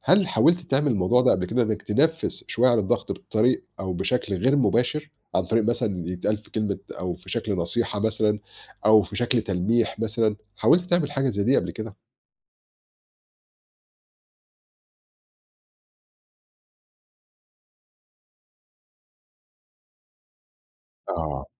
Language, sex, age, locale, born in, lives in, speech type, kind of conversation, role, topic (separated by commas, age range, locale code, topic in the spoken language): Arabic, male, 40-44, ar-EG, Egypt, Portugal, conversation, advice, advisor, إزاي بخبّي مشاعري عشان أرضّي شريكي أو عيلتي؟